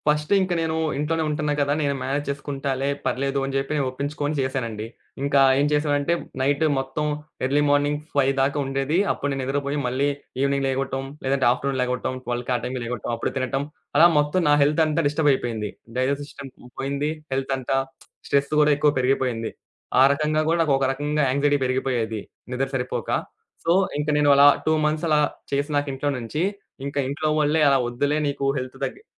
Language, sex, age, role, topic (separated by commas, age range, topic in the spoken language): Telugu, male, 20-24, guest, మీరు ఏది వదిలేయాలి, ఏది నిలుపుకోవాలి అని ఎలా నిర్ణయిస్తారు?